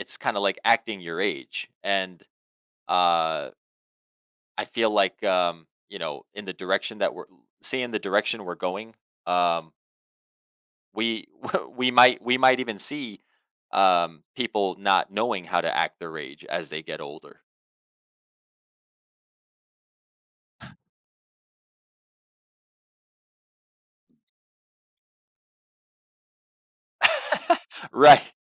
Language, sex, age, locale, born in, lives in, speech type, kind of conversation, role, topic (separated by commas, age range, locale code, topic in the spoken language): English, male, 30-34, en-US, United States, United States, conversation, podcast, guest, How can we develop simple habits to protect ourselves online?